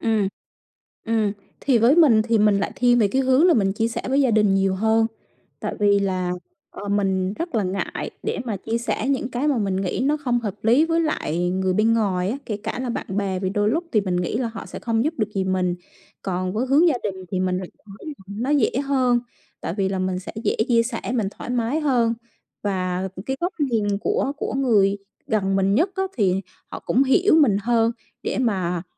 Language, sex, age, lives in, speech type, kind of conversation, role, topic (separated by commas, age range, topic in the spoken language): Vietnamese, female, 35-39, Vietnam, conversation, podcast, guest, Bạn làm gì để giảm căng thẳng mỗi ngày?